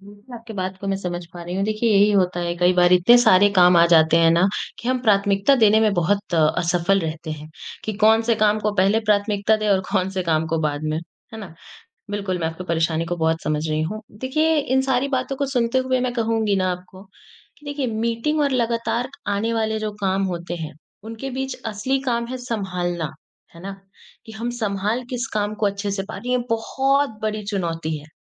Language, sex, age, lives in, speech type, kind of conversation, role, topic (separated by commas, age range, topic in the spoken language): Hindi, female, 20-24, India, conversation, advice, advisor, मीटिंग्स में इतना समय क्यों बर्बाद होता है और असली काम क्यों नहीं हो पाता?